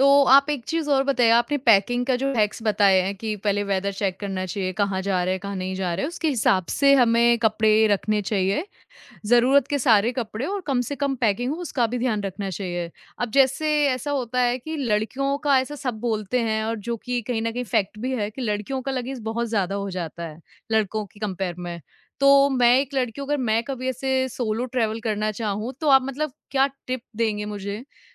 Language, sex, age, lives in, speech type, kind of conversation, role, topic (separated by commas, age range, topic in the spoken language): Hindi, female, 25-29, India, conversation, podcast, host, Kya koi aisa simple travel tip hai jo tumne hard way se seekha?